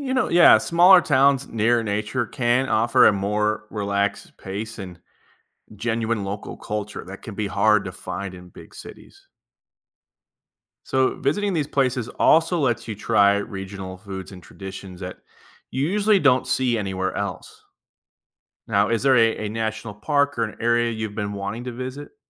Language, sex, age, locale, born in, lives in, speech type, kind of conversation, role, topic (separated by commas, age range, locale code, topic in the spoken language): English, male, 30-34, en-US, United States, United States, read, expert-assistant, expert, What are the benefits of exploring new places?